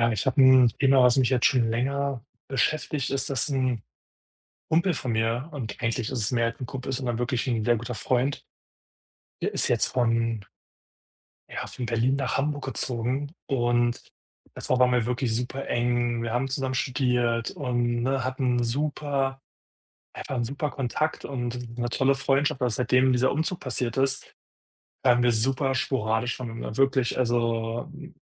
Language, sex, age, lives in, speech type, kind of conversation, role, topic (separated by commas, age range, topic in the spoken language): German, male, 25-29, Germany, conversation, advice, user, Wie kann ich den Kontakt zu alten Freundinnen und Freunden besser aufrechterhalten?